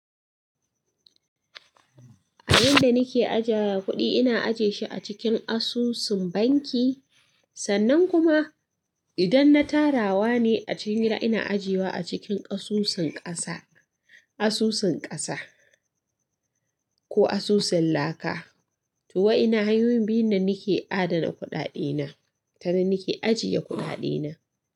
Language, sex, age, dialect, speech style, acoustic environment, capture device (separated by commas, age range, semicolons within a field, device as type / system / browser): Hausa, female, 25-34, Nigeria - Katsina, excited, silence, mobile / Linux / Chrome